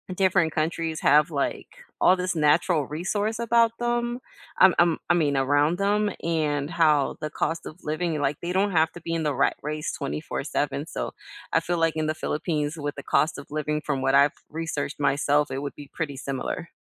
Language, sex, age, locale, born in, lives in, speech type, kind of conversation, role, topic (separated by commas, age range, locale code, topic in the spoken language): English, female, 40-44, en-US, United States, United States, conversation, unstructured, none, What skill do you admire in others, and how are you practicing it?